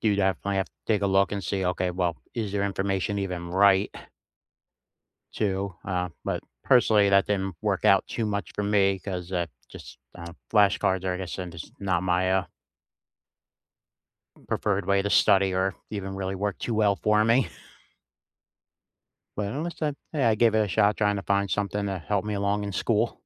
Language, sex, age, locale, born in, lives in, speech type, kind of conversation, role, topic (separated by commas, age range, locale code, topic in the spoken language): English, male, 40-44, en-US, United States, United States, conversation, unstructured, none, What tool or app has genuinely boosted your productivity?